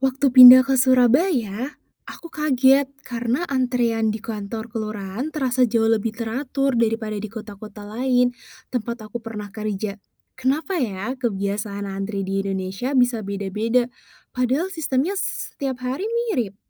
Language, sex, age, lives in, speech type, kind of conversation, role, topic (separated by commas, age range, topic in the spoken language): Indonesian, female, 20-24, Indonesia, read, expert-assistant, asker, Apa yang membuat orang di Indonesia punya cara berbeda dalam menghadapi antrian atau pelayanan publik?